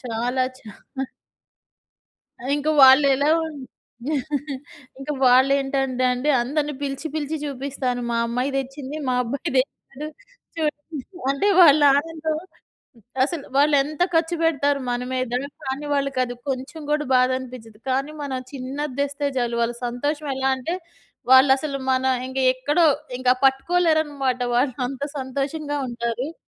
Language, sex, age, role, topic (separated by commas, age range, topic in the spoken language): Telugu, female, 40-44, host, విద్యా లేదా ఉద్యోగం ఎంచుకోవాల్సి వచ్చినప్పుడు మీరు ఎలా నిర్ణయం తీసుకుంటారు?